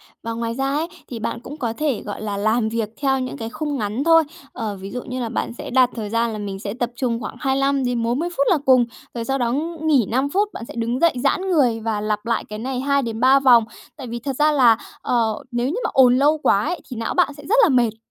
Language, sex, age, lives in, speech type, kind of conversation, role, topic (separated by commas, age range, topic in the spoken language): Vietnamese, female, 30-34, Japan, conversation, advice, advisor, Làm sao để giữ tập trung trong môi trường nhiều phiền nhiễu và công việc chồng chéo?